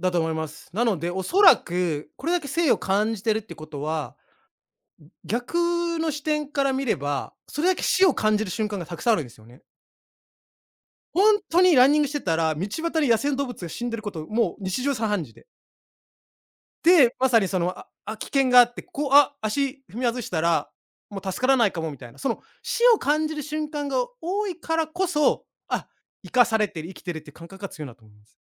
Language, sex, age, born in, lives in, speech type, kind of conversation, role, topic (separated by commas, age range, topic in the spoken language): Japanese, male, 35-39, Japan, Japan, conversation, podcast, guest, 自然の中でいちばん心が動くのはどんな瞬間ですか？